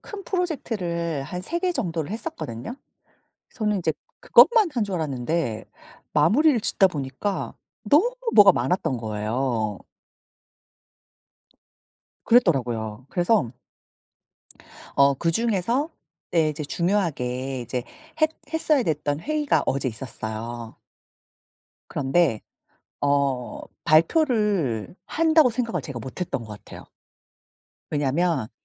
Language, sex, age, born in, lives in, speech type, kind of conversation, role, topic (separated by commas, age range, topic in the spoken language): Korean, female, 40-44, South Korea, South Korea, conversation, advice, user, 공개 발표를 앞두면 자신감이 크게 떨어지는데, 어떻게 하면 자신감을 회복할 수 있을까요?